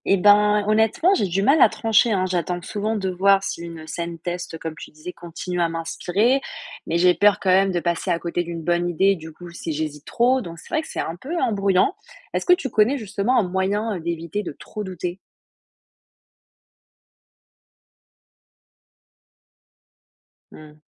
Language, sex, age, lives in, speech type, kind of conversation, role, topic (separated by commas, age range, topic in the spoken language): French, female, 20-24, France, read, expert-assistant, asker, Comment planifier l’écriture d’un roman sans se perdre en cours de route ?